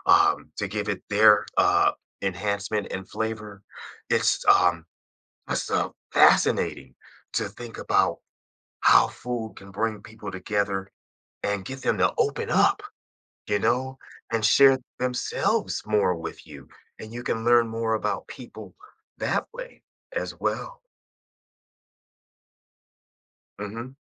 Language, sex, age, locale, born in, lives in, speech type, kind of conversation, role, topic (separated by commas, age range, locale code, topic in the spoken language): English, male, 55-59, en-US, United States, United States, conversation, podcast, guest, Why do shared meals play such an important role in connecting people?